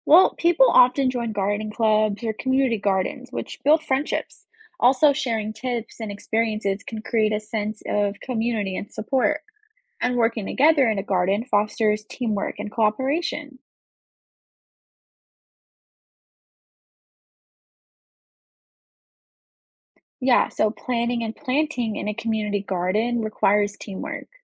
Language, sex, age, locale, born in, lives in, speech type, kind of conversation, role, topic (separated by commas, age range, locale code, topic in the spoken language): English, female, 20-24, en-US, United States, United States, read, expert-assistant, expert, How can gardening enhance mental wellness?